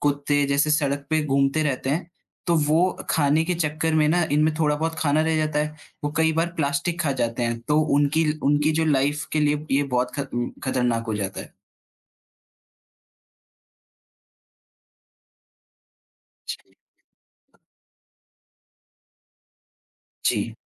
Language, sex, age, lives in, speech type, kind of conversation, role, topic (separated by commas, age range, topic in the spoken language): Hindi, male, 20-24, India, conversation, podcast, guest, Plastic ka istemal kam karne ke liye tum kaun-kaun se simple tareeke follow karte ho?